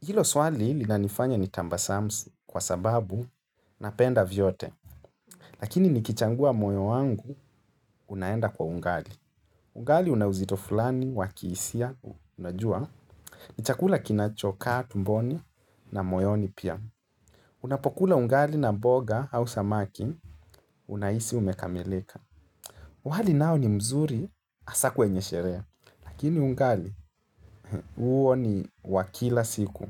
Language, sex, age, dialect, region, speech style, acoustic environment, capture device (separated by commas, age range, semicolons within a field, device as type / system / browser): Swahili, male, 25-34, Kenya - Nairobi Sheng-influenced, Kenya, relaxed, nature; silence, mobile / Linux / Chrome